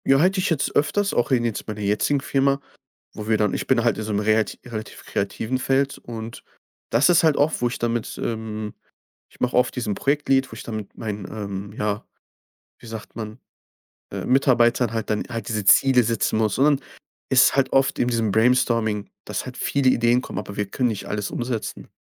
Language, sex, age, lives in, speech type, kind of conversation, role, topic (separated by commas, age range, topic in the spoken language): German, male, 25-29, Germany, conversation, podcast, guest, Was lernst du aus Fehlern oder misslungenen Projekten?